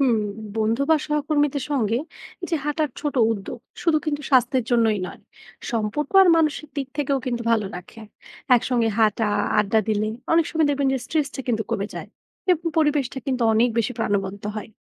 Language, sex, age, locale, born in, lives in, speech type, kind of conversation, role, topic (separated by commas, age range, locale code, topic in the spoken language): Bengali, female, 20-24, bn-BD, Bangladesh, Bangladesh, read, expert-assistant, expert, শরীর সুস্থ রাখতে নিয়মিত হাঁটা বা ব্যায়ামের গুরুত্ব নিয়ে আমাদের সমাজে এত আলোচনা কেনো?